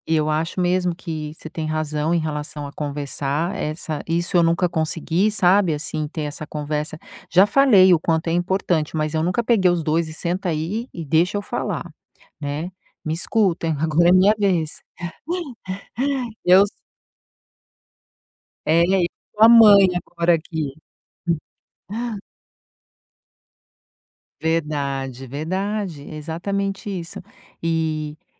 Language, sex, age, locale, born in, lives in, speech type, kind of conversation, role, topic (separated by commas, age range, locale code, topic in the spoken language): Portuguese, female, 50-54, pt-BR, Brazil, United States, conversation, advice, user, Como posso garantir que meu pai idoso tome os medicamentos corretamente sem brigas?